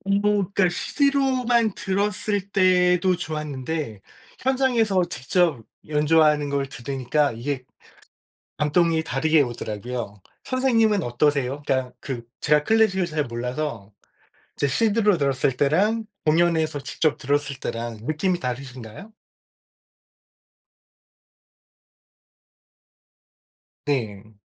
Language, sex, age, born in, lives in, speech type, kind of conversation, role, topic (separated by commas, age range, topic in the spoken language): Korean, male, 45-49, South Korea, United States, conversation, unstructured, none, 콘서트나 공연에 가 본 적이 있으신가요? 어땠나요?